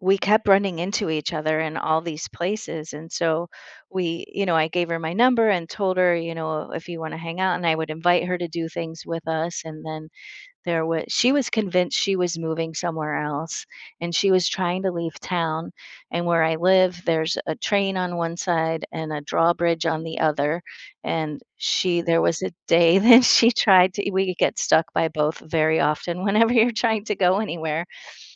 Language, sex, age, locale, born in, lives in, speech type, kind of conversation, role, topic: English, female, 55-59, en-US, United States, United States, conversation, podcast, guest, What helps people feel comfortable and included when joining a new community?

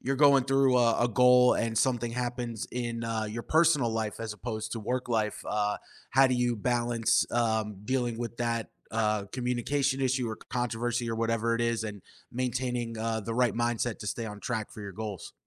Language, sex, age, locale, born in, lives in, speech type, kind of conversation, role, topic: English, male, 30-34, en-US, United States, United States, conversation, podcast, host, How do you stay motivated and focused when life takes an unexpected turn?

